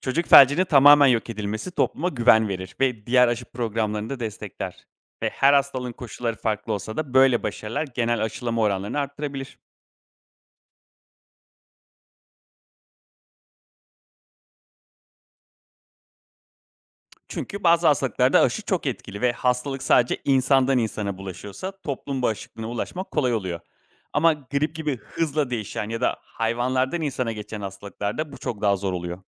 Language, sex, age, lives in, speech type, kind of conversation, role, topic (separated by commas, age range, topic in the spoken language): Turkish, male, 35-39, Greece, read, expert-assistant, expert, 'Toplum bağışıklığı' nedir, bu kavram nasıl işler ve neden herkesin aşı olması konuşuluyor?